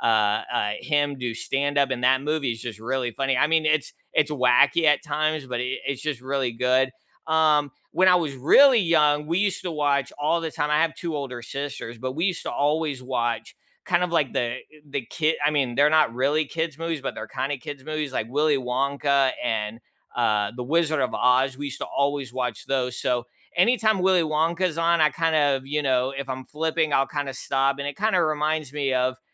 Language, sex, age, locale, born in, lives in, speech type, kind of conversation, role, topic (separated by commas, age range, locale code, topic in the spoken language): English, male, 35-39, en-US, United States, United States, conversation, unstructured, none, What is your go-to comfort movie to rewatch, and why does it work for you?